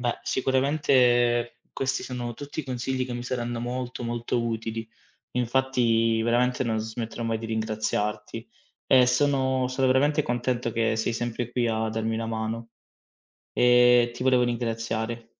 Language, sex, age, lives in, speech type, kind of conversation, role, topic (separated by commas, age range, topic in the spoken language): Italian, male, 25-29, Italy, conversation, advice, user, Come posso mantenere la motivazione per l’allenamento nel tempo?